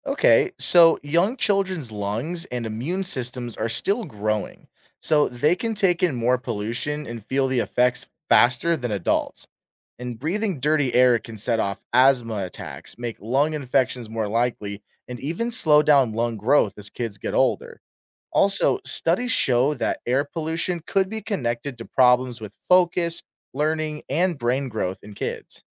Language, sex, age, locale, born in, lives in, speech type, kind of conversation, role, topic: English, male, 25-29, en-US, United States, United States, read, expert-assistant, expert, How does air pollution affect human health?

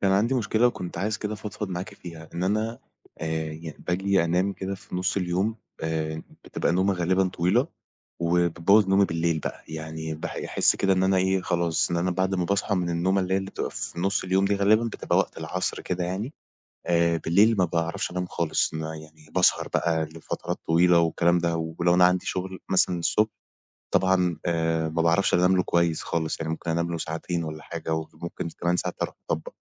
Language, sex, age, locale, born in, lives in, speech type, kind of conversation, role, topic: Arabic, male, 20-24, ar-EG, Egypt, Egypt, conversation, advice, user, إزاي القيلولة الطويلة بتبوّظ نوم الليل؟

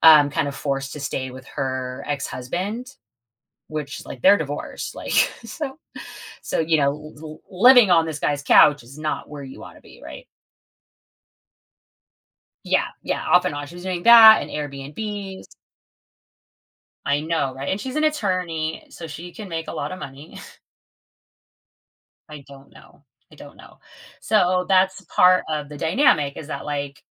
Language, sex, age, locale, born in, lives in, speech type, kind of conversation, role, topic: English, female, 40-44, en-US, United States, United States, conversation, advice, user, How can I address a disagreement with a close friend without damaging our relationship?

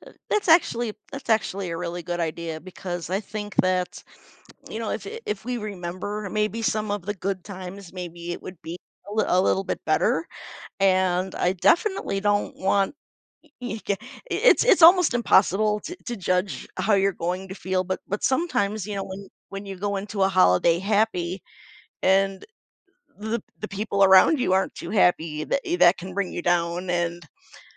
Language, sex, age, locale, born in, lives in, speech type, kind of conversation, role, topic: English, female, 45-49, en-US, United States, United States, conversation, advice, user, How can I stop feeling isolated during the holidays?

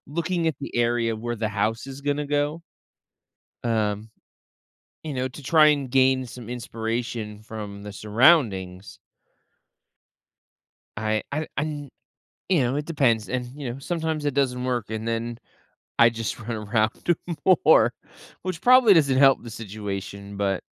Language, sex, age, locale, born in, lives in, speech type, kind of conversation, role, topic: English, male, 30-34, en-US, United States, United States, conversation, podcast, host, How can everyday habits help nurture creativity in our lives?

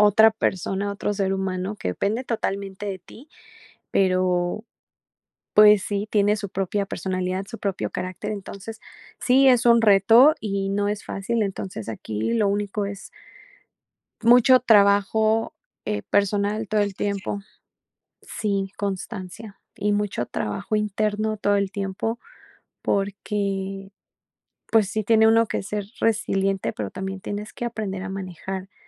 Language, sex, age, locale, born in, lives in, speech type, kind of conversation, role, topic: Spanish, female, 35-39, es-MX, Mexico, Mexico, conversation, podcast, guest, ¿Cómo puedo enseñarles responsabilidades a mis hijos adolescentes sin caer en sermones?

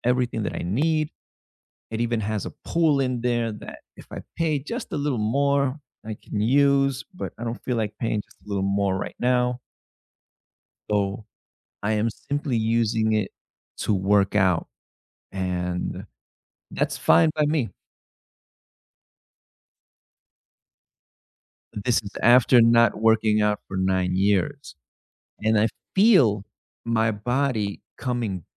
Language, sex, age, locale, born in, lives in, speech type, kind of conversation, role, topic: English, male, 35-39, en-US, United States, United States, conversation, unstructured, none, What is one habit that has improved your life the most?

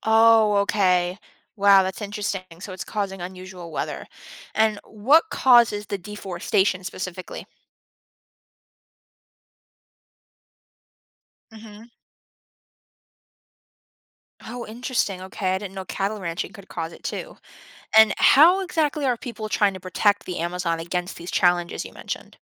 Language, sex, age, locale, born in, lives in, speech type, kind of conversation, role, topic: English, female, 18-19, en-US, United States, United States, read, expert-assistant, asker, What is the capital of the country with the most rainforests?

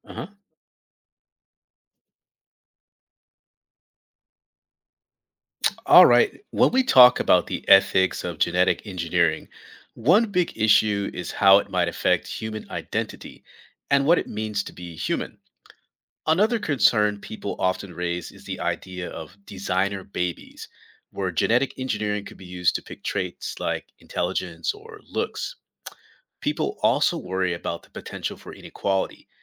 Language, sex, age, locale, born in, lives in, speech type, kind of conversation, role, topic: English, male, 45-49, en-US, United States, United States, read, expert-assistant, expert, What are the ethical considerations of genetic engineering?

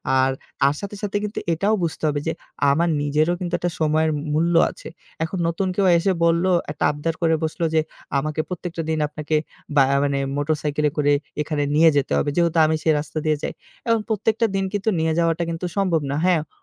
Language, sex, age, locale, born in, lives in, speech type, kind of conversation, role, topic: Bengali, male, 25-29, bn-BD, Bangladesh, Bangladesh, conversation, podcast, guest, ‘না’ বলতে শেখার জন্য তুমি কী কী করলে?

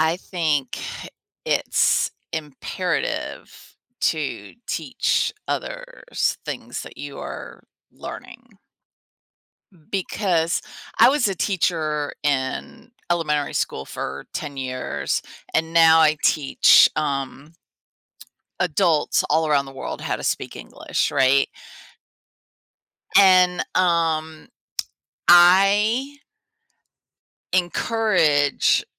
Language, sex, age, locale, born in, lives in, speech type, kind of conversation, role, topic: English, female, 50-54, en-US, United States, United States, conversation, podcast, guest, How does sharing what you know help you grow and connect with others?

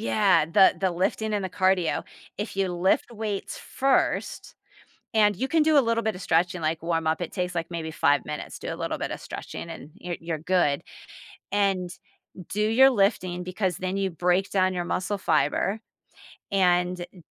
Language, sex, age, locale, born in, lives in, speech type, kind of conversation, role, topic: English, female, 45-49, en-US, United States, United States, conversation, podcast, guest, What are some practical ways to build healthy routines into everyday life?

